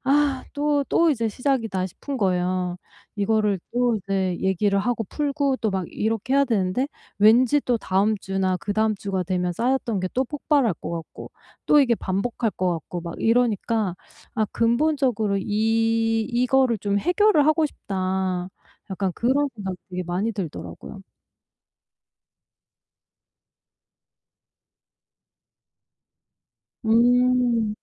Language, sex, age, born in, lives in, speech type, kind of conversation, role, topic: Korean, female, 45-49, South Korea, United States, conversation, advice, user, 격한 감정 반응을 더 잘 조절하려면 어떻게 해야 할까요?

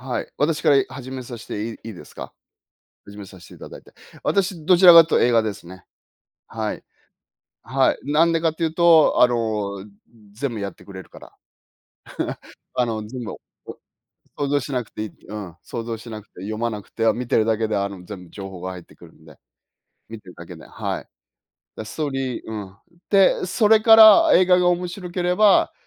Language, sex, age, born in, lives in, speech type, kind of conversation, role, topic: Japanese, male, 50-54, Japan, Japan, conversation, unstructured, none, 本と映画では、物語を楽しむならどちらが良いですか？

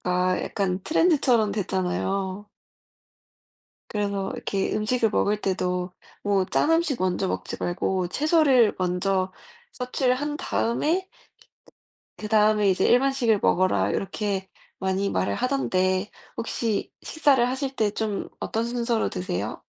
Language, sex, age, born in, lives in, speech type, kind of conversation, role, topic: Korean, female, 20-24, South Korea, United States, conversation, unstructured, none, 어떤 음식이 건강에 좋다고 생각하시나요?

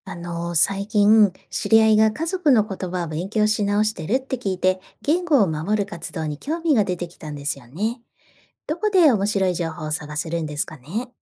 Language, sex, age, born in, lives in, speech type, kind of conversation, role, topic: Japanese, female, 45-49, Japan, Japan, read, expert-assistant, asker, 言語を守る活動の情報を集めるには、どこをチェックすると面白いですか？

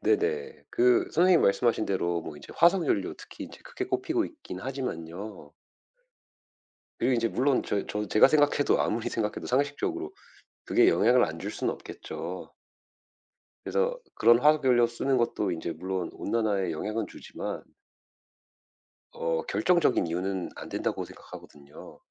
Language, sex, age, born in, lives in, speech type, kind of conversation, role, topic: Korean, male, 35-39, United States, United States, conversation, unstructured, none, 기후 변화가 우리 삶에 어떤 영향을 줄까요?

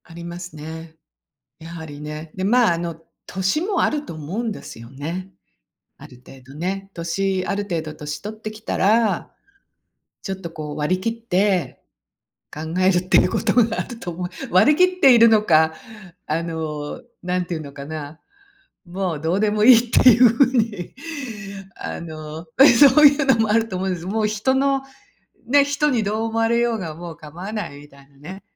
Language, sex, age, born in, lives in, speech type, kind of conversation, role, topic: Japanese, female, 60-64, Japan, United States, conversation, podcast, guest, ストレスを感じたとき、どのように対処していますか？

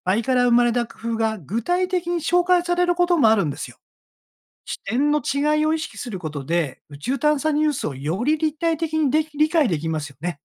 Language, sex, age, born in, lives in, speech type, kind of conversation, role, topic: Japanese, male, 60-64, Japan, Japan, read, expert-assistant, expert, 宇宙探査のニュースや話題を正しく理解するために、どんな情報源を選ぶとよいでしょうか？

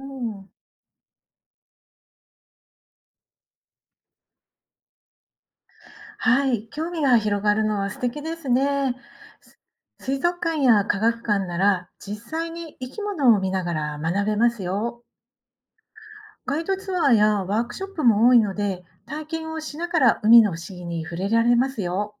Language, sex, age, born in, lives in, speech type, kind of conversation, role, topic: Japanese, female, 50-54, Japan, Japan, read, expert-assistant, expert, 海の不思議について深く知るには、どんな場所や方法が役立ちますか？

